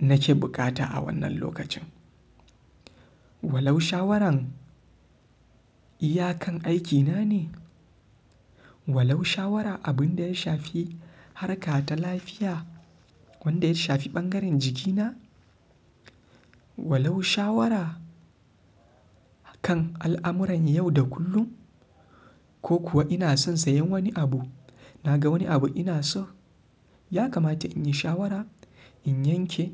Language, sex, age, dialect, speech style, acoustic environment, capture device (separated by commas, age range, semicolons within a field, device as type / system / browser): Hausa, male, 25-34, Nigeria - Kano, happy; relaxed; focused, silence, mobile / Linux / Chrome